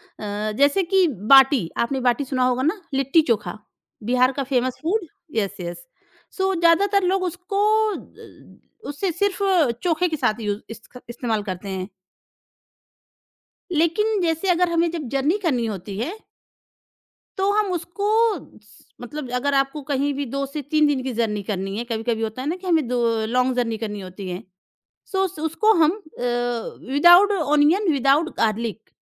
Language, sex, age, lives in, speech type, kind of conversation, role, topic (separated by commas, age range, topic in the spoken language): Hindi, female, 30-34, India, conversation, podcast, guest, Kya kabhi kisi ajeeb se food combination ka taste aapko unexpectedly bahut achha laga hai?